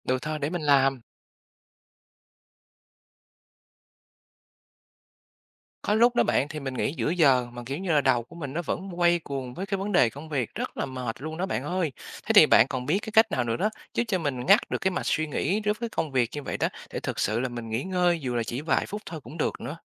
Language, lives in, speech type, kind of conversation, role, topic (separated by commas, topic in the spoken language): Vietnamese, Vietnam, read, expert-assistant, asker, Có cách nào giúp cơ thể phục hồi nhanh sau những ngày làm việc căng thẳng không?